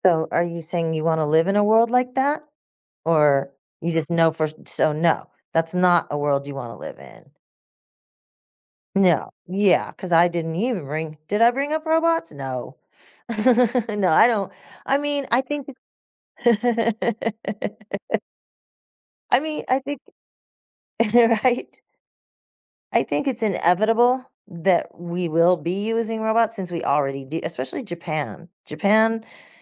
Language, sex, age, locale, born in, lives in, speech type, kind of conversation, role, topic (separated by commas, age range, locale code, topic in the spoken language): English, female, 60-64, en-US, United States, United States, conversation, podcast, host, What inspires your vision for a better future?